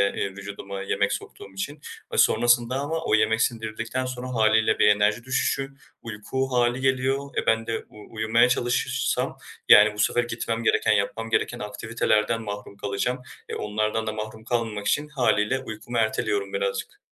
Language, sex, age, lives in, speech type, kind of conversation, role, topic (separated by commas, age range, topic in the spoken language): Turkish, male, 20-24, Germany, conversation, advice, user, Son zamanlarda yorgunluk hissediyorum; antrenman programımı nasıl ayarlamalıyım?